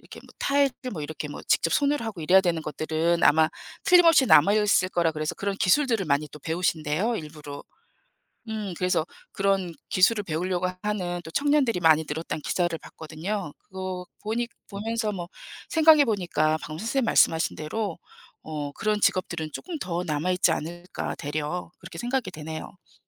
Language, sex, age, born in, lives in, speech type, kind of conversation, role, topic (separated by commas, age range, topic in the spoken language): Korean, female, 55-59, South Korea, United States, conversation, unstructured, none, 과학이 우리 삶을 어떻게 바꾸었다고 생각하시나요?